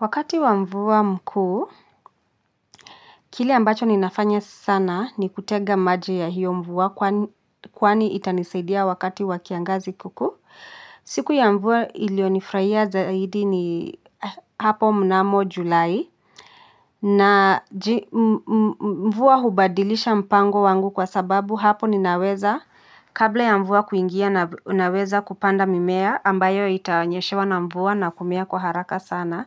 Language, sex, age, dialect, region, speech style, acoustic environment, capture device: Swahili, female, 25-34, Kenya - Nairobi Sheng-influenced, Kenya, happy, silence, mobile / Linux / Chrome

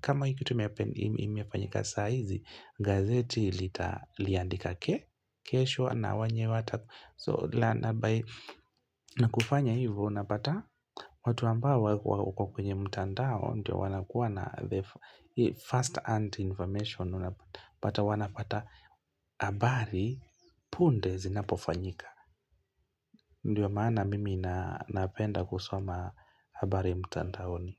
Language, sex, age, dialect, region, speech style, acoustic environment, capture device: Swahili, male, 25-34, Kenya - Mombasa, Kenya, happy, silence; music, mobile / Linux / Chrome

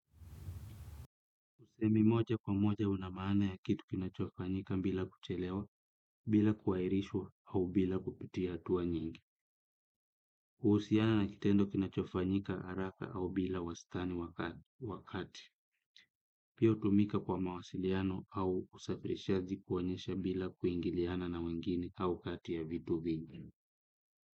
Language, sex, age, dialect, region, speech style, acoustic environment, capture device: Swahili, male, 25-34, Kenya - Kitui, Kenya, relaxed, silence, desktop / Windows / Chrome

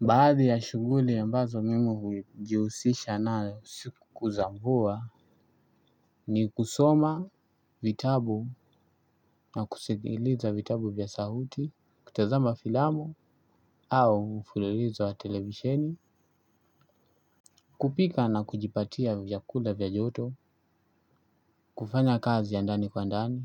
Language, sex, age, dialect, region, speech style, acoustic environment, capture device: Swahili, male, 25-34, Kenya - Mombasa, Kenya, tired; focused, music; nature; appliances, desktop / Linux / Chrome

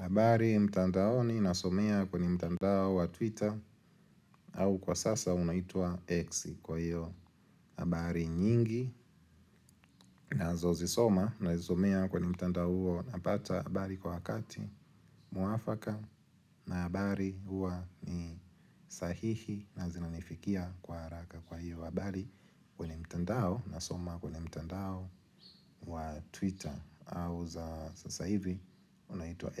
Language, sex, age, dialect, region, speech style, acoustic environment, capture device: Swahili, male, 25-34, Tanzania - Dar es Salaam, Tanzania, happy, silence, mobile / Linux / Chrome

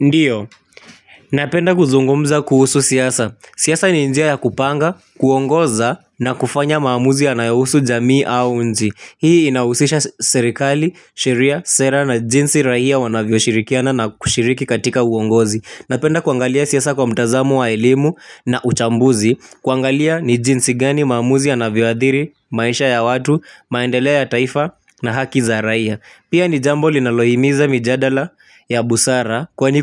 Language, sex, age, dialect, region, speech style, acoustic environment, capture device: Swahili, male, 18-24, Kenya - Nairobi Sheng-influenced, Kenya, happy, nature; people talking, mobile / Linux / Chrome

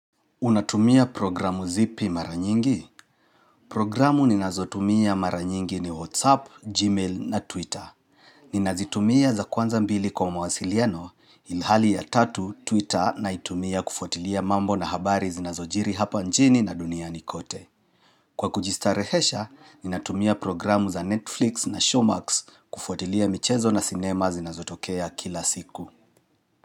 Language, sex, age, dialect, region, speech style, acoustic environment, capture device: Swahili, male, 35-44, Kenya - Nairobi Sheng-influenced, Kenya, relaxed; happy; focused, silence; nature; traffic; appliances, mobile / Linux / Chrome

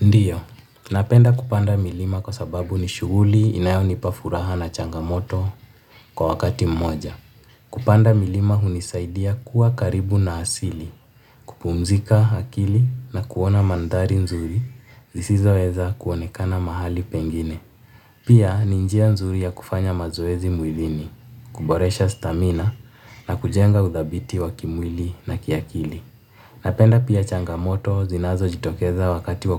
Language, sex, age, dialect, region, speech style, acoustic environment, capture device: Swahili, male, 18-24, Kenya - Nairobi Sheng-influenced, Kenya, relaxed, nature, mobile / Linux / Chrome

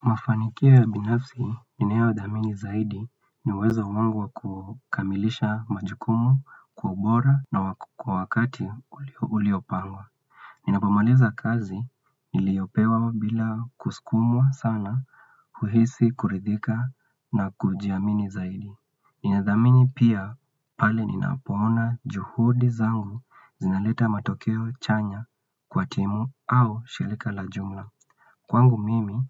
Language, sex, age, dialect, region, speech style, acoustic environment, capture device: Swahili, male, 25-34, Kenya - Nairobi Sheng-influenced, Kenya, relaxed, silence, desktop / Windows / Chrome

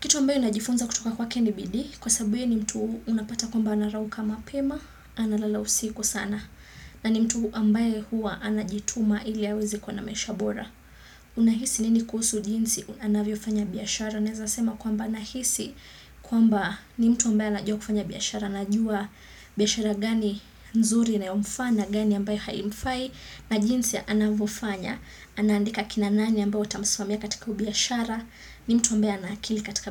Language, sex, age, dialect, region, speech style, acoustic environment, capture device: Swahili, female, 25-34, Kenya - Mombasa, Kenya, excited; focused; happy, silence, desktop / Windows / Chrome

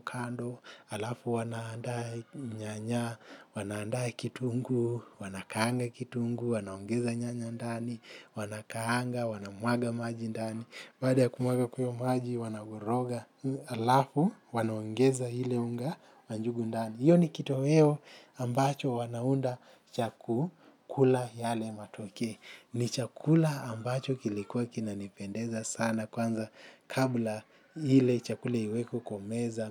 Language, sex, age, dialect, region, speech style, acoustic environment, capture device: Swahili, male, 25-34, Kenya - Mombasa, Kenya, happy; focused, people talking; traffic; construction; appliances; music, mobile / Linux / Chrome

